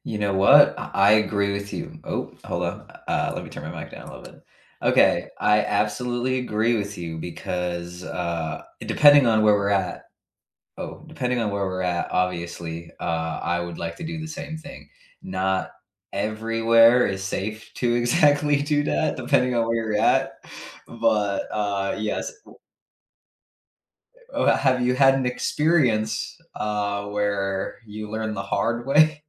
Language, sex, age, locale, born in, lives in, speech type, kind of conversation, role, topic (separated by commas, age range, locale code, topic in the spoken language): English, male, 25-29, en-US, United States, United States, conversation, unstructured, none, Which outdoor places restore your mind, and how can we enjoy them or learn from them together?